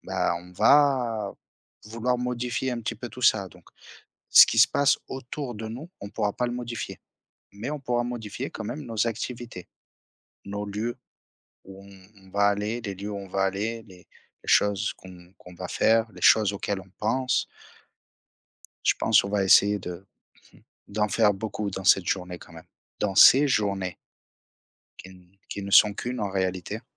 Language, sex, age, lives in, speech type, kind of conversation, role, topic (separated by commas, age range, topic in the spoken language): French, male, 30-34, France, conversation, unstructured, none, Préféreriez-vous revivre le même jour indéfiniment ou faire un bond de dix ans dans le futur ?